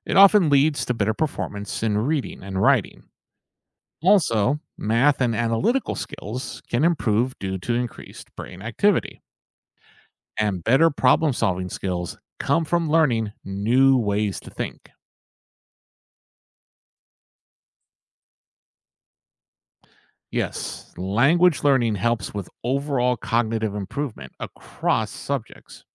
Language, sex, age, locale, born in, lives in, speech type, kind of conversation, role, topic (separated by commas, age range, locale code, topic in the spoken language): English, male, 55-59, en-US, United States, United States, read, expert-assistant, expert, How can learning a second language benefit you cognitively?